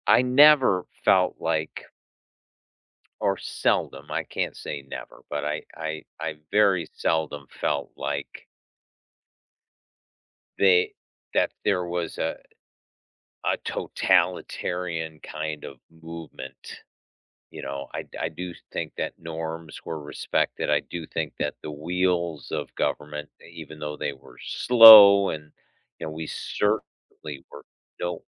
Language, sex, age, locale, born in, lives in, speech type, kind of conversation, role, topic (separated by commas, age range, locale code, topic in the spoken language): English, male, 55-59, en-US, United States, United States, conversation, unstructured, none, What recent news story made you feel hopeful?